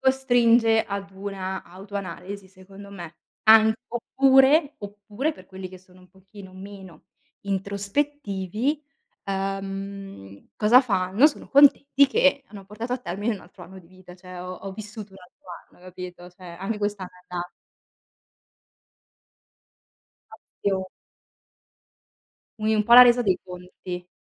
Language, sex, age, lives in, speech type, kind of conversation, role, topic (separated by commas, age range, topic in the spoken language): Italian, female, 30-34, Italy, conversation, unstructured, none, Quale festa religiosa ti dà più gioia?